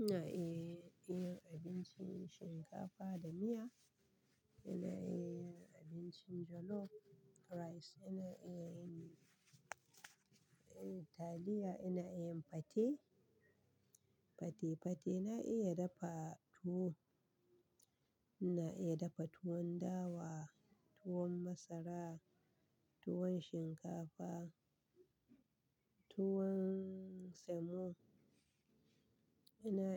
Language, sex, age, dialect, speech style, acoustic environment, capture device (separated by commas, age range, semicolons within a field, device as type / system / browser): Hausa, female, 35-44, Nigeria - Kano, happy, people talking, desktop / Linux / Chrome